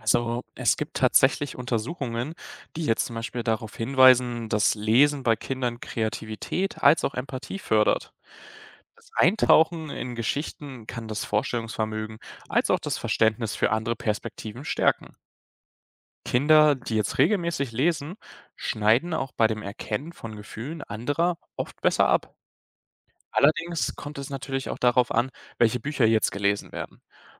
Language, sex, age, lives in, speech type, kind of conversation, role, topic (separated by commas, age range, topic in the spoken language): German, male, 20-24, Germany, read, expert-assistant, expert, Wie beeinflusst gemeinsames Lesen, Hören und Spielen die Beziehung zwischen Eltern und Kindern?